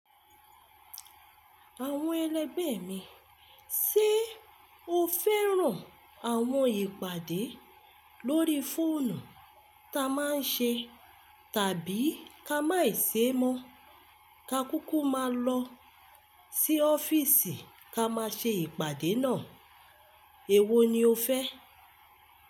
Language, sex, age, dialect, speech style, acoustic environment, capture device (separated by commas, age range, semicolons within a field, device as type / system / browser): Yoruba, female, 25-34, Nigeria - Lagos Yoruba, relaxed; focused, silence, mobile / Linux / Chrome